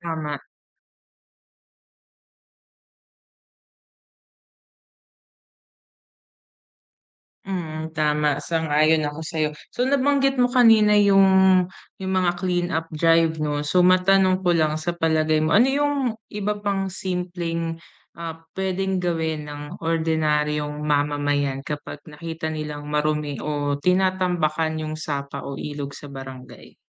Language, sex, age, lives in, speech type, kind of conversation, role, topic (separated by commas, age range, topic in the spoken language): Filipino, female, 30-34, Philippines, conversation, podcast, host, Paano natin mapapangalagaan ang mga ilog at sapa sa ating barangay?